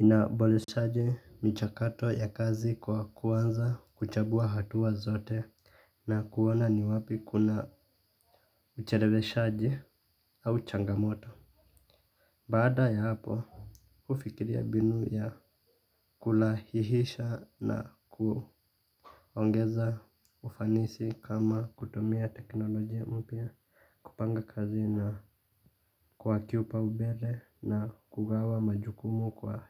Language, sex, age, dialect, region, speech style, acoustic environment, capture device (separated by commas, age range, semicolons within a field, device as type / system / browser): Swahili, male, 25-34, Kenya - Nairobi Sheng-influenced, Kenya, relaxed, silence, mobile / Linux / Chrome